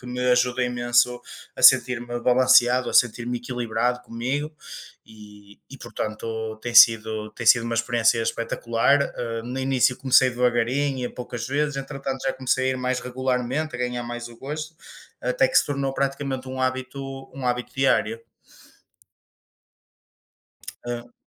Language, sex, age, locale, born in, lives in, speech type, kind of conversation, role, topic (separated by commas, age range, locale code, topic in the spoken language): Portuguese, male, 25-29, pt-PT, Portugal, Portugal, conversation, unstructured, none, Você já mudou algo em você para se sentir melhor?